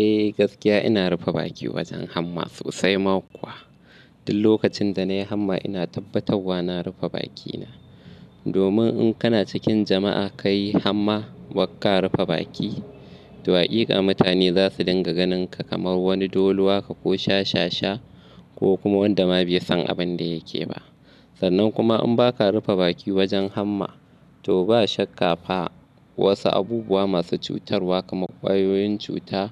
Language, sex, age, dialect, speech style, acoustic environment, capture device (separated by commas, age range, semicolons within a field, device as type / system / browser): Hausa, male, 25-34, Nigeria - Kano, happy, nature, mobile / Linux / Chrome